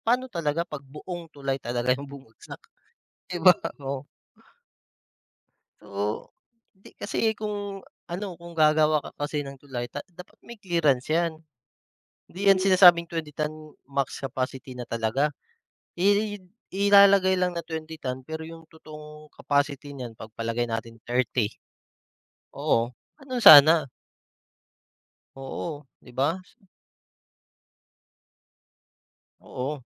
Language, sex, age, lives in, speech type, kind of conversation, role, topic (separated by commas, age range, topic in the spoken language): Filipino, male, 30-34, Philippines, conversation, unstructured, none, Paano mo tinitingnan ang kasalukuyang kalagayan ng pamahalaan sa bansa?